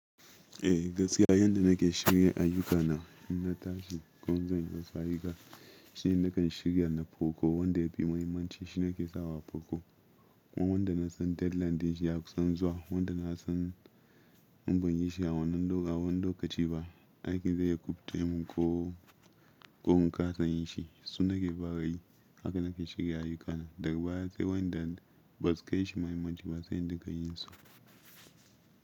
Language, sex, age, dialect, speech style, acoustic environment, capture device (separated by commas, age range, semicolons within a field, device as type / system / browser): Hausa, male, 25-34, Nigeria - Ibadan, relaxed, silence, mobile / Linux / Chrome